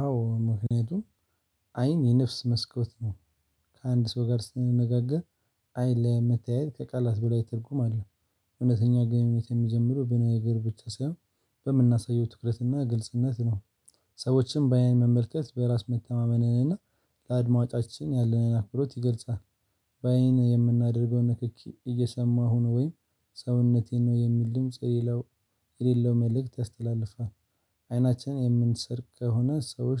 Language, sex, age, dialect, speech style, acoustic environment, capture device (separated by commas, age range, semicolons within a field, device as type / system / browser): Amharic, male, 18-24, Ethiopia - Addis Ababa, excited, silence, mobile / Linux / Chrome